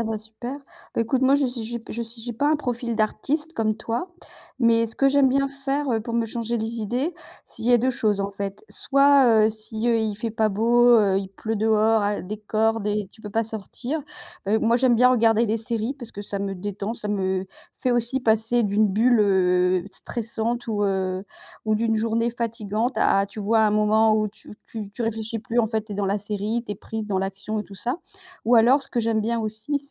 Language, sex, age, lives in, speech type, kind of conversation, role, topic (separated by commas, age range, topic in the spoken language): French, female, 55-59, France, conversation, unstructured, none, Qu’est-ce que tu aimes faire pour te changer les idées ?